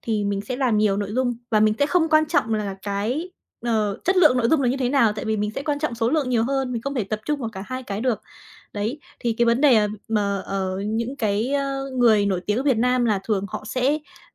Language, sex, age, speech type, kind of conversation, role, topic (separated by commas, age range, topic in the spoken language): Vietnamese, female, 20-24, conversation, podcast, guest, Bạn thấy những điểm khác biệt nào giữa influencer ở Việt Nam và influencer ở nước ngoài?